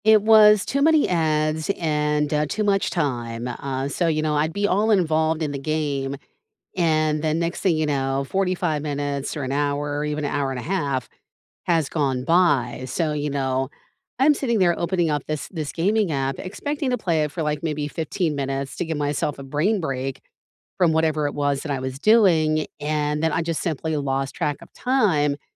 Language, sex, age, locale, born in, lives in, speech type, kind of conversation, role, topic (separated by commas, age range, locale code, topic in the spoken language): English, female, 45-49, en-US, United States, United States, conversation, unstructured, none, What apps are on your home screen, and why did you choose them?